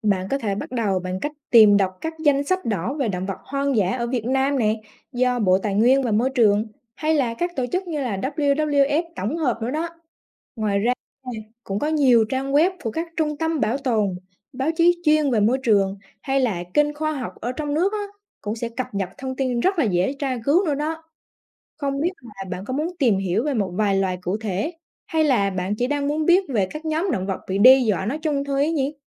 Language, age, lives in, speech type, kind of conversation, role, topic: Vietnamese, 20-24, Vietnam, read, expert-assistant, expert, Làm thế nào để bảo vệ động vật hoang dã khỏi nguy cơ tuyệt chủng?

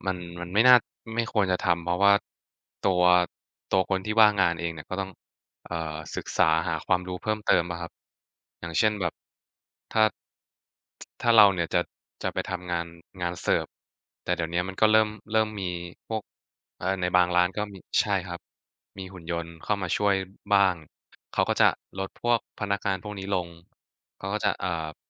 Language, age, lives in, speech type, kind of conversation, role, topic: Thai, 20-24, Thailand, conversation, unstructured, none, ถ้าเทคโนโลยีทำให้คนว่างงานมากขึ้น คุณคิดว่าเราควรแก้ปัญหานี้อย่างไร?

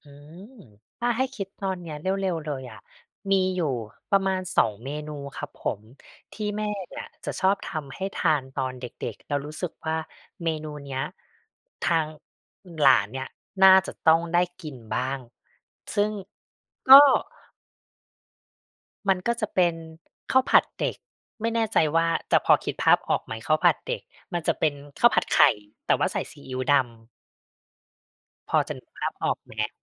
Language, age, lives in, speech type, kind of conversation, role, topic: Thai, 35-39, Thailand, conversation, podcast, guest, คุณอยากให้ลูกหลานรู้จักและสืบทอดเมนูนี้ในแบบไหน?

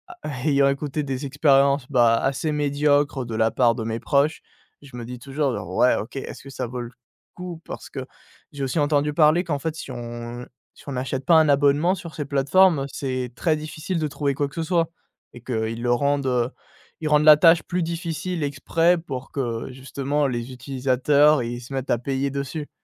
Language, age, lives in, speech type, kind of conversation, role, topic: French, 20-24, France, conversation, advice, user, Comment décririez-vous votre sentiment de solitude et votre peur de recommencer à faire des rencontres ?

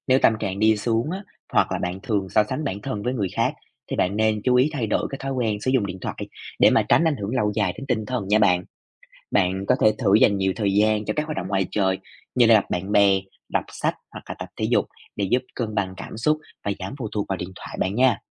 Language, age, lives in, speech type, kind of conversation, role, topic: Vietnamese, 25-29, Vietnam, read, expert-assistant, expert, Tác động của việc sử dụng điện thoại thông minh đến sức khỏe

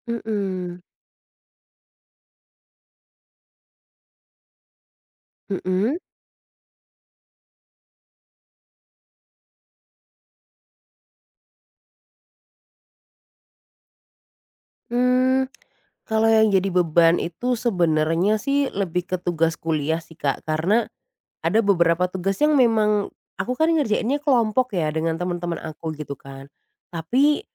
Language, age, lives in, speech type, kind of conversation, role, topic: Indonesian, 25-29, Indonesia, conversation, advice, user, Bagaimana cara mengurangi kebiasaan menunda tugas dan membangun rutinitas kerja yang lebih teratur?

